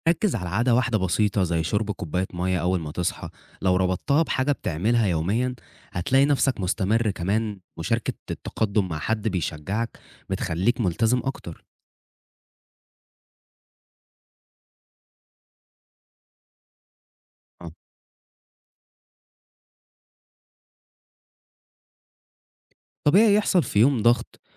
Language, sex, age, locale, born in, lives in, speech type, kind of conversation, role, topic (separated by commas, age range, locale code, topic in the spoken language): Arabic, male, 20-24, ar-EG, Egypt, Egypt, read, expert-assistant, expert, إيه الخطوات اللي ممكن أعملها عشان أعيش حياة صحية أكتر وسط زحمة الشغل وضغوط الحياة؟